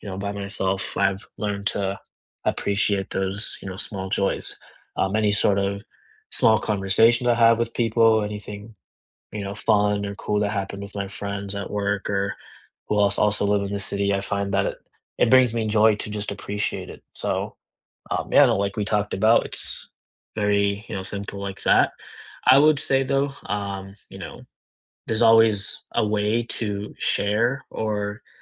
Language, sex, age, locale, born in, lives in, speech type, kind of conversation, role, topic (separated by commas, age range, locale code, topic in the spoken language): English, male, 25-29, en-US, India, United States, conversation, unstructured, none, What was the last small joy that brightened your week?